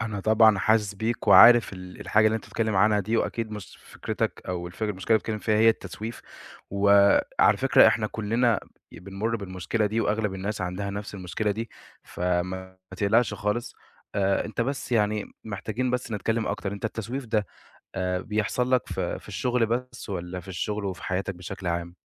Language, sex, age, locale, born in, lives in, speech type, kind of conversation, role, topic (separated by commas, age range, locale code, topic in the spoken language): Arabic, male, 20-24, ar-EG, Egypt, Egypt, conversation, advice, advisor, إزاي أتعامل مع تراجع أدائي في الشغل بسبب التسويف المتكرر؟